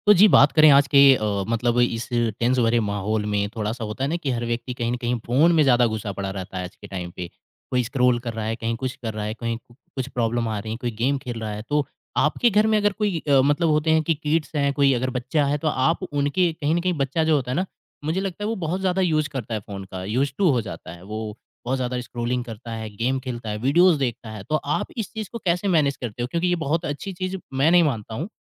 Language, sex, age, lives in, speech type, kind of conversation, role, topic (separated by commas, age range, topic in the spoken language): Hindi, male, 25-29, India, conversation, podcast, host, Ghar par bachchon ka screen time aap kaise manage karte hain?